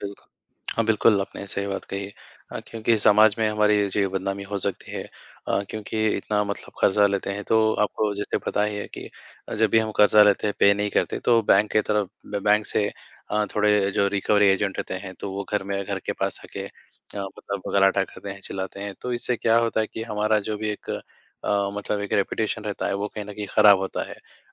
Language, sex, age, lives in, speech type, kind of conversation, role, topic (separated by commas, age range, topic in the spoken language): Hindi, male, 20-24, India, conversation, unstructured, none, कर्ज़ लेना सही है या गलत, और क्यों?